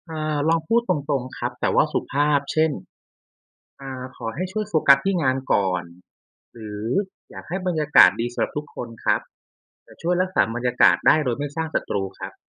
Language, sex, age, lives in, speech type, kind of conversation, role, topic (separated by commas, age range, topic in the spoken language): Thai, male, 35-39, Thailand, read, expert-assistant, expert, อยากเข้าใจมุมมองทางการเมืองที่ต่างจากเรา ควรเริ่มต้นพูดคุยยังไงดี?